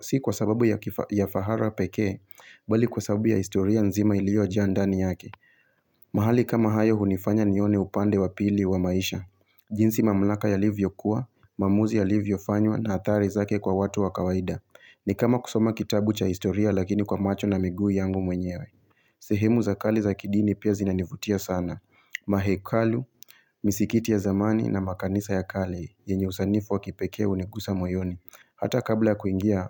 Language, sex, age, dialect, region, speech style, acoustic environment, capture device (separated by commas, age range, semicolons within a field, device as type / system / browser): Swahili, male, 25-34, Kenya - Mombasa, Kenya, happy, silence, mobile / Linux / Chrome